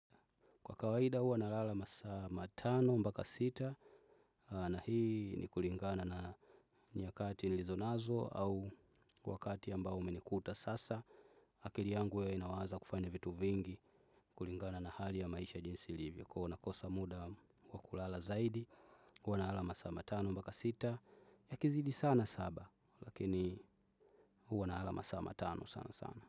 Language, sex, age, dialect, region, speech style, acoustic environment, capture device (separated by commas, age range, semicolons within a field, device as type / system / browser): Swahili, male, 25-34, Tanzania - Dar es Salaam, Tanzania, relaxed, people talking, desktop / Windows / Chrome